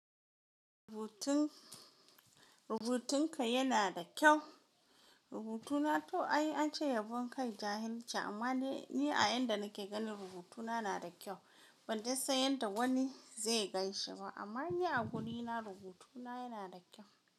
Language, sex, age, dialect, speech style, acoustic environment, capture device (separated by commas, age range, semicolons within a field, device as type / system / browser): Hausa, female, 18-24, Nigeria - Kano, happy; relaxed, silence, mobile / Linux / Chrome